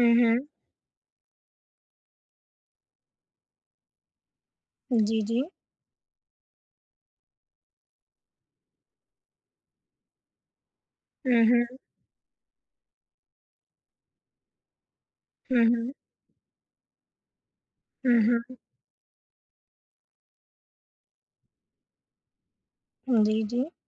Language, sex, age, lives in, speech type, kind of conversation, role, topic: Hindi, female, 20-24, India, conversation, podcast, host, Seasonal fruits aur veggies kharidne ka fayda kya hai?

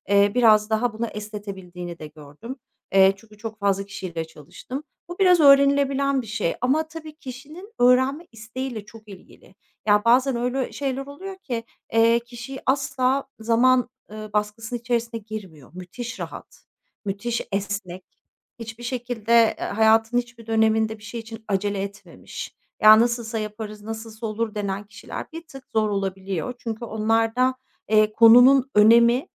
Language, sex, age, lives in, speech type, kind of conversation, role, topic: Turkish, female, 45-49, Netherlands, conversation, podcast, guest, Karar verirken zaman baskısı yararlı mı yoksa zararlı mı?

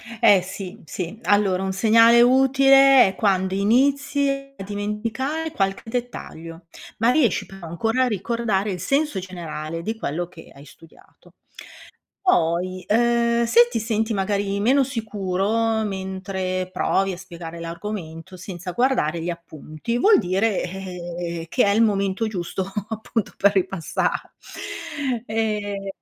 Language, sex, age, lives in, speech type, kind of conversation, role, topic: Italian, female, 55-59, Italy, read, expert-assistant, expert, Perché la memoria è importante per l’apprendimento?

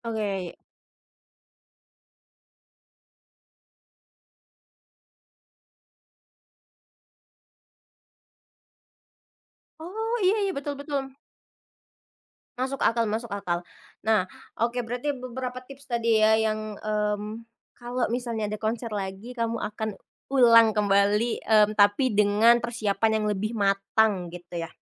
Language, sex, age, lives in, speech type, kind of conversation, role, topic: Indonesian, female, 25-29, Indonesia, conversation, podcast, host, Pengalaman konser apa yang paling berkesan untukmu?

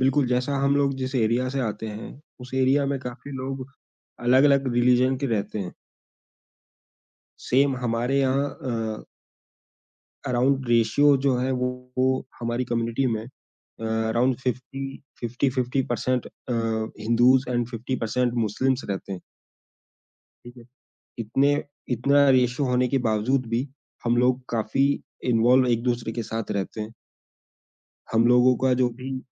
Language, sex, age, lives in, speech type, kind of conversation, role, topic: Hindi, male, 35-39, India, conversation, podcast, guest, Diversity wali community mein unity kaise banaaye rakhte ho?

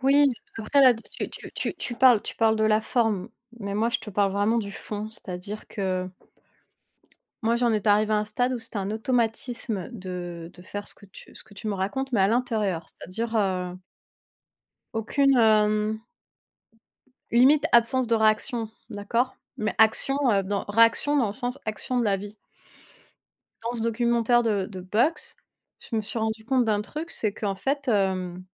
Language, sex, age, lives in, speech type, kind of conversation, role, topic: French, female, 30-34, France, conversation, unstructured, none, Quel est ton souvenir sportif préféré ?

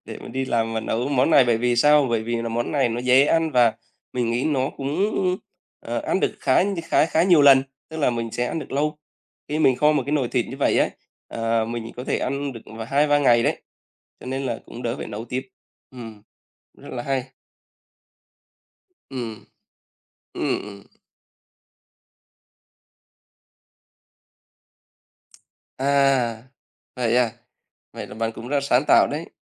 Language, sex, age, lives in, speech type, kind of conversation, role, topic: Vietnamese, male, 35-39, Vietnam, conversation, unstructured, none, Bạn có thói quen nấu ăn ở nhà không?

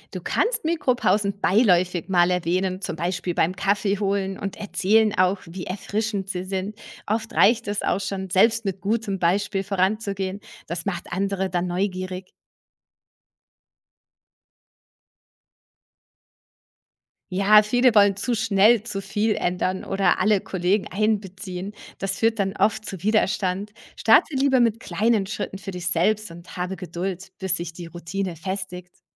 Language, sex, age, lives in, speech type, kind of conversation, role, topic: German, female, 40-44, Germany, read, expert-assistant, expert, Welche einfachen Gewohnheiten helfen dabei, in der Verwaltung im Gesundheitswesen entspannt und produktiv zu bleiben?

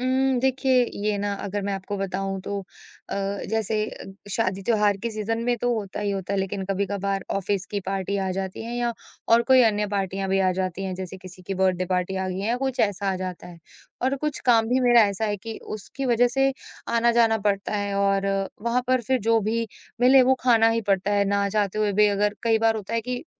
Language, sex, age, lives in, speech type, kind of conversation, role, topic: Hindi, female, 25-29, India, conversation, advice, user, पार्टी या समारोह में खाना खाने से आपकी डाइट टूटने का डर क्यों होता है?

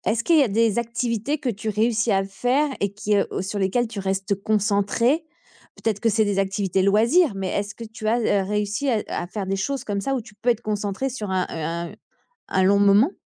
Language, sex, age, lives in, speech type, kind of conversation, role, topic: French, female, 45-49, France, conversation, advice, advisor, Comment puis-je améliorer ma concentration et mes habitudes de travail ?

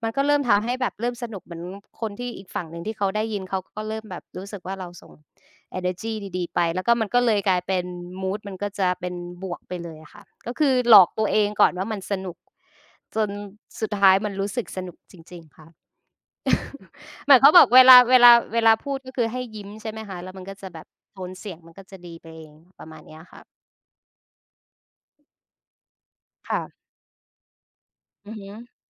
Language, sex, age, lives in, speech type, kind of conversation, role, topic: Thai, female, 25-29, Thailand, conversation, unstructured, none, วันทำงานแบบไหนที่คุณรู้สึกว่าสนุกที่สุด?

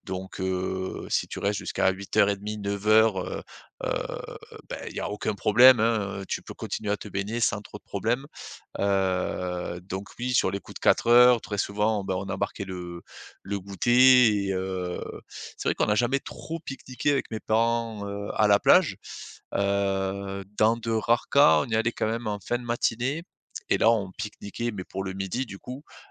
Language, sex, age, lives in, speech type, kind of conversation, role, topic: French, male, 35-39, France, conversation, podcast, guest, Dis, quels souvenirs d’enfance te renvoient aux saisons ?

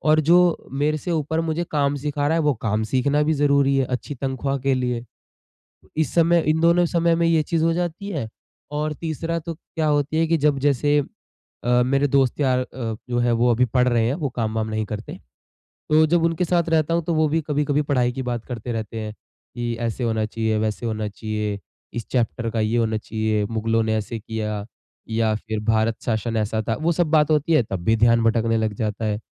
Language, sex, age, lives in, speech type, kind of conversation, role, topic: Hindi, male, 20-24, India, conversation, advice, user, जब मन भटकता रहता हो, तो बातचीत में पूरा ध्यान कैसे लगा पाऊँ?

